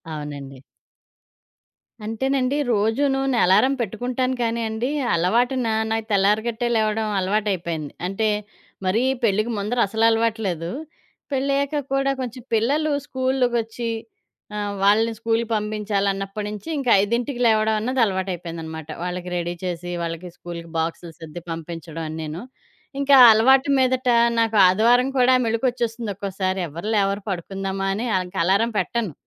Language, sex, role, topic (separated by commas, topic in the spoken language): Telugu, female, guest, చిన్నగా నిద్రపోవడం మీకు ఎలా ఉపయోగపడుతుంది?